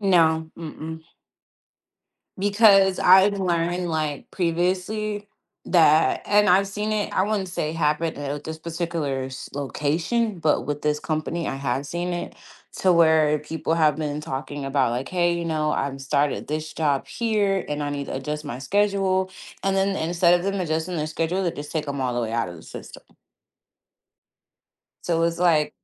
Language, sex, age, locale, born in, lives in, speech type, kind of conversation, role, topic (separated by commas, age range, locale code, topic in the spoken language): English, female, 30-34, en-US, United States, United States, conversation, advice, user, How can I position myself for a promotion at work?